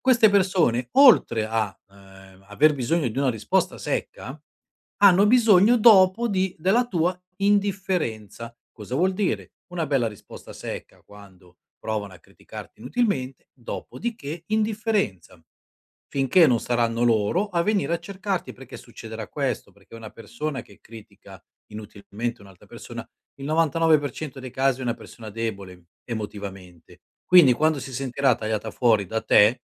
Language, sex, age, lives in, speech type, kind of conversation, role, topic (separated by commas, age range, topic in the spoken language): Italian, male, 50-54, Italy, conversation, advice, advisor, Come posso non farmi abbattere da critiche non costruttive e provocatorie?